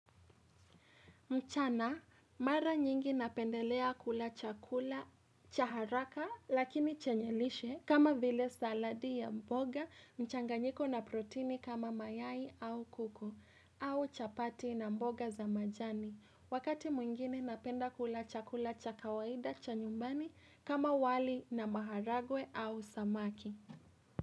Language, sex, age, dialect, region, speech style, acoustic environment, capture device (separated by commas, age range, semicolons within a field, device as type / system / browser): Swahili, female, 18-24, Kenya - Nairobi Sheng-influenced, Kenya, happy; relaxed; excited, appliances, mobile / Linux / Chrome